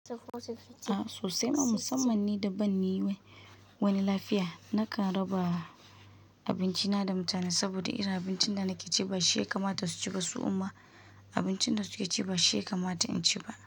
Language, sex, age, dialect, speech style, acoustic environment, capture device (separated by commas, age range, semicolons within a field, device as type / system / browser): Hausa, female, 25-34, Nigeria - Kano, relaxed, nature, mobile / Linux / Chrome